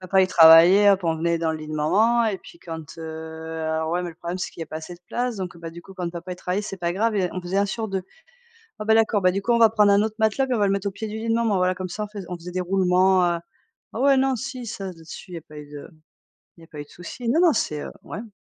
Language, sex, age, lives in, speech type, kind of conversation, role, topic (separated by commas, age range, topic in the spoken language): French, female, 45-49, France, conversation, unstructured, none, Préférez-vous toujours arriver avec 10 minutes d’avance ou avec 5 minutes de retard ?